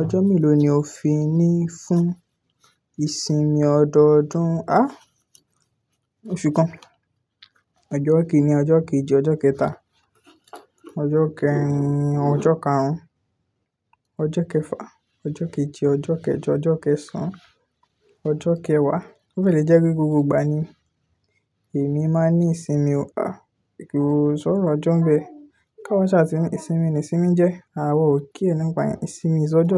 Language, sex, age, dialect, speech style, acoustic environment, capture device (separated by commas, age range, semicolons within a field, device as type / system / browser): Yoruba, male, 18-24, Nigeria - Ibadan, focused; relaxed, nature; appliances, desktop / Linux / Chrome